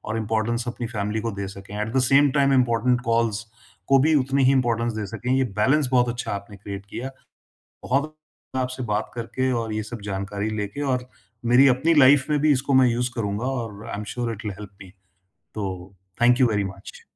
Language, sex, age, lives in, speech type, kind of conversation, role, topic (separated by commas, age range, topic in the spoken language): Hindi, male, 50-54, India, conversation, podcast, host, Phone aur family time kaise balance karte ho, yaar?